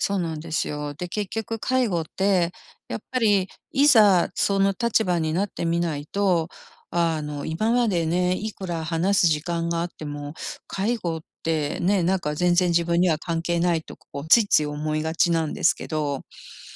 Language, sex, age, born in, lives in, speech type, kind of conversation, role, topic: Japanese, female, 55-59, Japan, United States, conversation, advice, user, 家族に介護負担の分担についてどう切り出せばいいですか？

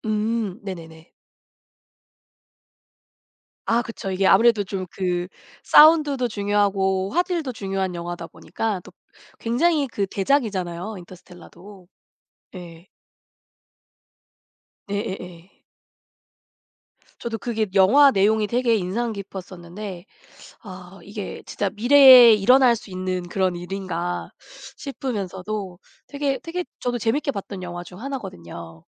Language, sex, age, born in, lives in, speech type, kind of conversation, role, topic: Korean, female, 40-44, South Korea, United States, conversation, unstructured, none, 요즘 가장 인기 있는 영화는 무엇이라고 생각하나요?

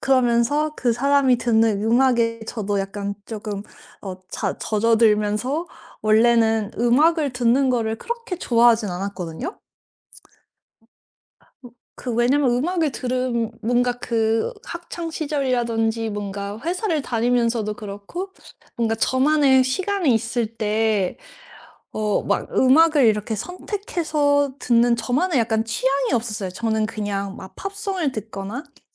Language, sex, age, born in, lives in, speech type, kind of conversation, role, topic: Korean, female, 35-39, South Korea, United States, conversation, podcast, guest, 연애를 하면서 음악 취향이 달라진 적이 있나요?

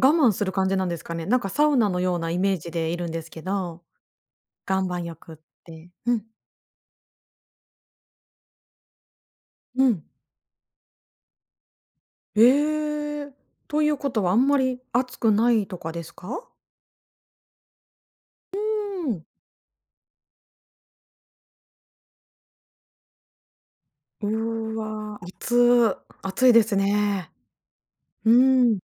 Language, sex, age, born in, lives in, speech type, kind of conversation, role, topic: Japanese, female, 40-44, Japan, Japan, conversation, podcast, host, 休日の回復日って、どんなふうに過ごしていますか？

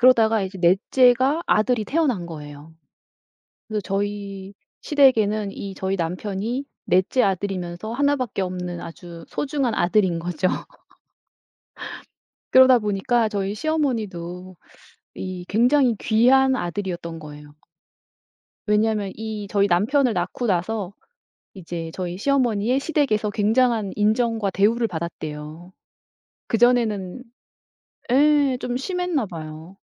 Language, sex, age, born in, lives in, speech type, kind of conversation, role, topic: Korean, female, 55-59, South Korea, South Korea, conversation, podcast, guest, 시부모님과의 관계를 현명하게 잘 유지하는 방법은 무엇인가요?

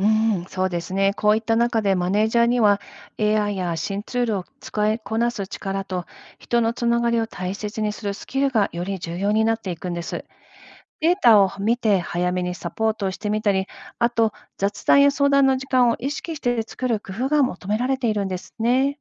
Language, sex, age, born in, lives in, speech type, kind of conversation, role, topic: Japanese, female, 50-54, Japan, United States, read, expert-assistant, expert, 働き方の多様化がマネジメントにどんな新しい工夫やアイデアを生み出すと思いますか？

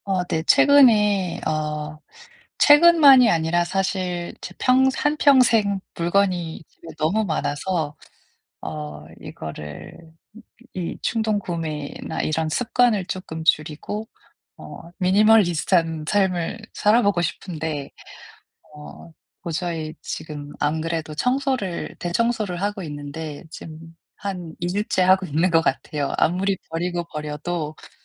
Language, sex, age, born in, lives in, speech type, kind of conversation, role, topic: Korean, female, 35-39, South Korea, United States, conversation, advice, user, 충동구매를 줄이고 가치 중심으로 소비하려면 어떻게 시작하면 좋을까요?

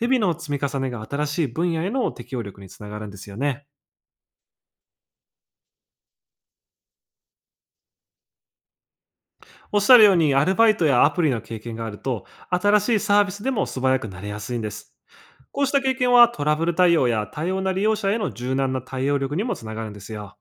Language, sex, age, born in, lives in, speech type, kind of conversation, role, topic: Japanese, male, 25-29, Japan, Japan, read, expert-assistant, expert, 「グローバル化が日本人の仕事を減らしている、という意見は正しいのでしょうか？」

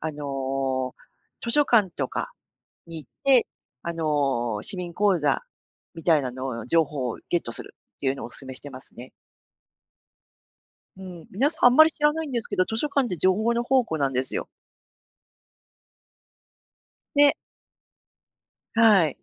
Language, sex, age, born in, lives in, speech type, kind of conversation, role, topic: Japanese, female, 50-54, Japan, Japan, conversation, podcast, guest, 手軽に始められる趣味なら、何がおすすめですか？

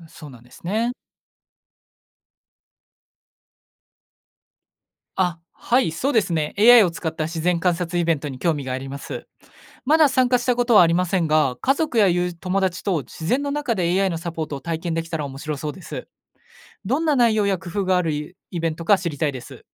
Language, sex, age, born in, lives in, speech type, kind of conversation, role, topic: Japanese, male, 20-24, Japan, Japan, read, expert-assistant, asker, 未来のテクノロジーが進化して、どこでも安全にキャンプや登山ができるようになった場合、あなたの楽しみ方や自然との関わり方はどう変わると思いますか？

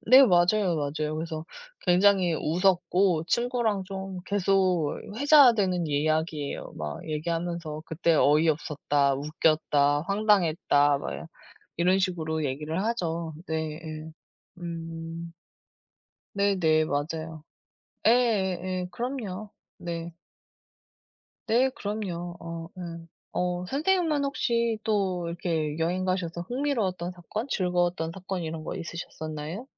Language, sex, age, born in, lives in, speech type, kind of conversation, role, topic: Korean, female, 35-39, South Korea, South Korea, conversation, unstructured, none, 여행 중에 겪었던 가장 흥미로운 일은 무엇이었나요?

